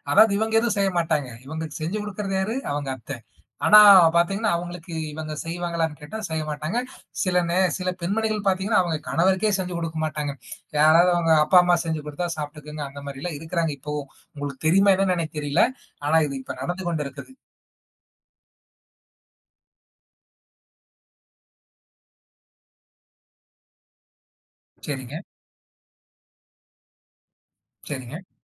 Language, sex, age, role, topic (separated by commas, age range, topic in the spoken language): Tamil, male, 20-24, host, உங்கள் வீட்டில் வீட்டு வேலைகளை யார் யார் எப்படிப் பகிர்ந்து செய்கிறார்கள்?